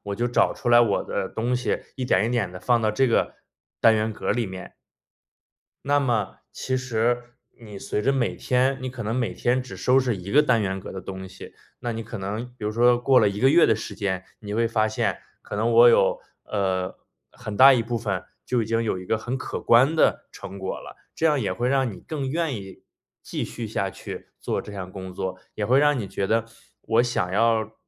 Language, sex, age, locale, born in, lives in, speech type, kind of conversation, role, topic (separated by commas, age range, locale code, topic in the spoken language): Chinese, male, 30-34, zh-CN, China, United States, conversation, advice, advisor, 我该如何开始整理旧物并学会放手？